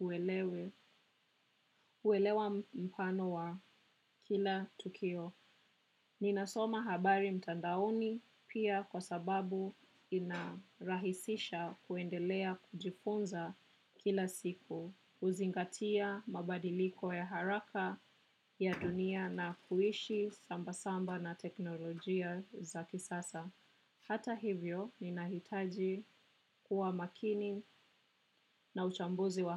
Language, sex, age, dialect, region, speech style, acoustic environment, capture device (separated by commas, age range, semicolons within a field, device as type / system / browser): Swahili, female, 25-34, Kenya - Nairobi Sheng-influenced, Kenya, happy, people talking, desktop / macOS / Chrome